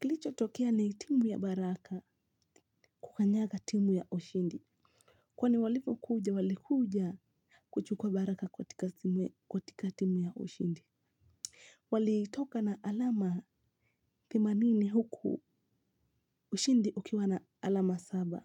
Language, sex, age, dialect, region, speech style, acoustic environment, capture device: Swahili, female, 18-24, Kenya - Mombasa, Kenya, relaxed, silence, mobile / Linux / Chrome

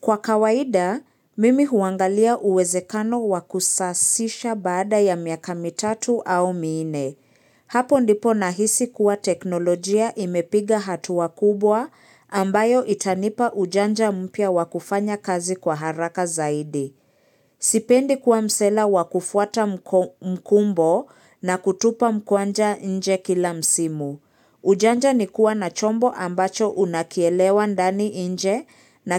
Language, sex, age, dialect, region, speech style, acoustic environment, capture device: Swahili, female, 25-34, Kenya - Nairobi Sheng-influenced, Kenya, excited, silence, mobile / Linux / Chrome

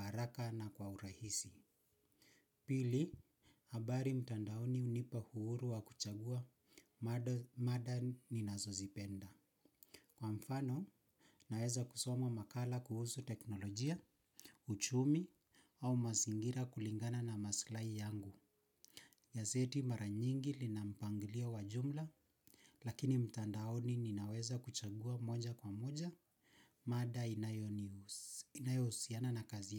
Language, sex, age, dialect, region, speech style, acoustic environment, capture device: Swahili, male, 25-34, Kenya - Nairobi Sheng-influenced, Kenya, relaxed; focused, silence, mobile / Linux / Chrome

